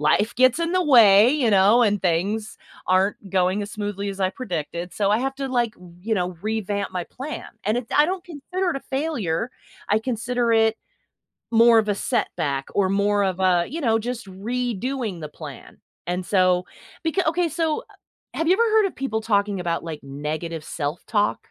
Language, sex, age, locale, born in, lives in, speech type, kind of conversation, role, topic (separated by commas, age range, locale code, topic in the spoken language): English, female, 45-49, en-US, United States, United States, conversation, podcast, guest, How can experiencing failure lead to personal growth and resilience?